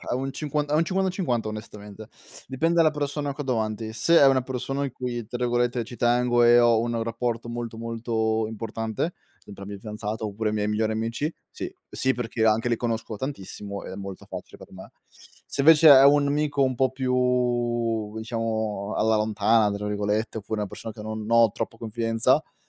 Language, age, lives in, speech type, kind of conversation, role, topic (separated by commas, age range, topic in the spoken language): Italian, 25-29, Italy, conversation, unstructured, none, Cosa ti fa sorridere anche nelle giornate più difficili?